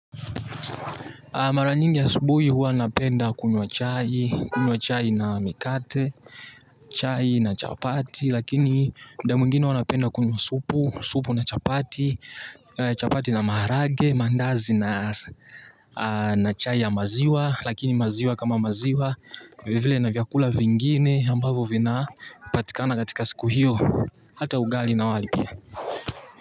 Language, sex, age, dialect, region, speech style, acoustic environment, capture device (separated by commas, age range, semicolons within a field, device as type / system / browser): Swahili, male, 18-24, Tanzania - Dar es Salaam, Tanzania, tired, nature, mobile / Linux / Chrome